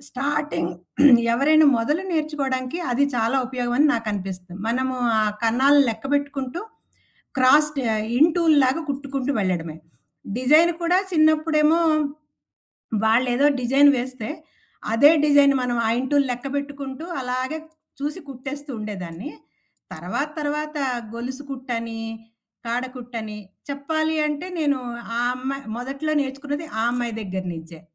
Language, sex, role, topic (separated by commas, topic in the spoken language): Telugu, female, guest, తక్కువ సమయంలో ఎక్కువ ఆనందం పొందడానికి మీరు అనుసరించే చిన్న చిట్కాలు ఏవి?